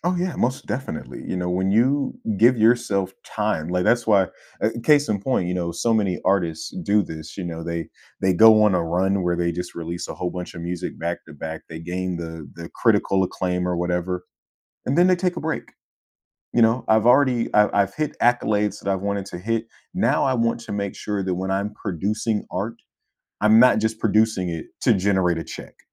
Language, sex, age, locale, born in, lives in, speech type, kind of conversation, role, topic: English, male, 30-34, en-US, United States, United States, conversation, podcast, guest, What helps people discover and develop their own authentic style?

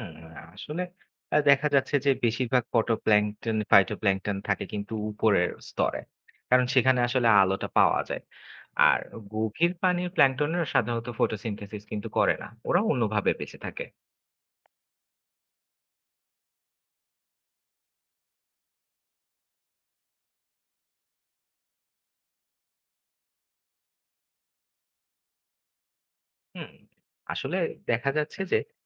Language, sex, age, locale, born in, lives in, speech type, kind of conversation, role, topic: Bengali, male, 25-29, bn-BD, Bangladesh, Bangladesh, read, expert-assistant, expert, ‘প্ল্যাঙ্কটন’ শব্দটা আমরা প্রায়ই শুনি—এটা আসলে কী, আর কেন এত গুরুত্বপূর্ণ?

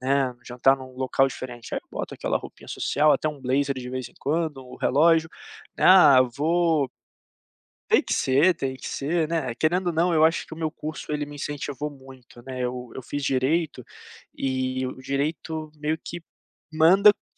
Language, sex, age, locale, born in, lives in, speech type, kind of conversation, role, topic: Portuguese, male, 25-29, pt-BR, Brazil, Portugal, conversation, podcast, guest, Como você definiria seu estilo pessoal hoje?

